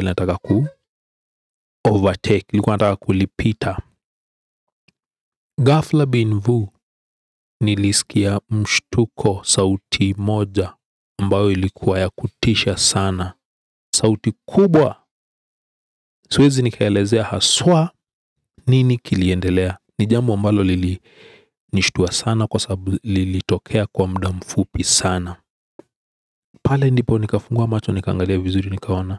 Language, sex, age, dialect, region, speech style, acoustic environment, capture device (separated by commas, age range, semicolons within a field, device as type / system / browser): Swahili, male, 35-44, Kenya - Nairobi Sheng-influenced, Kenya, relaxed, silence; people talking; appliances, desktop / Windows / Edge